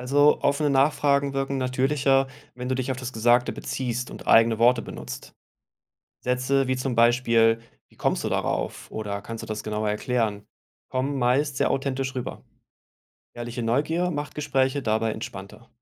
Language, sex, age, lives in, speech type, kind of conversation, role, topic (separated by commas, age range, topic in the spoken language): German, male, 35-39, Germany, read, expert-assistant, expert, Wie kann ich ganz praktisch lernen, politische Entscheidungen und Meinungen besser zu hinterfragen?